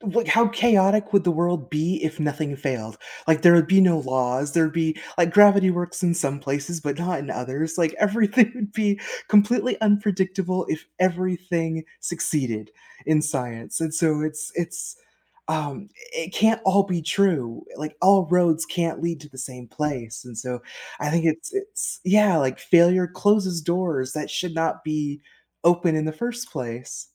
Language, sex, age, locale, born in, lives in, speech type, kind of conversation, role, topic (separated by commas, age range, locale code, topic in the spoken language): English, female, 35-39, en-US, United States, United States, conversation, podcast, host, How can setbacks and mistakes help us grow and achieve our goals?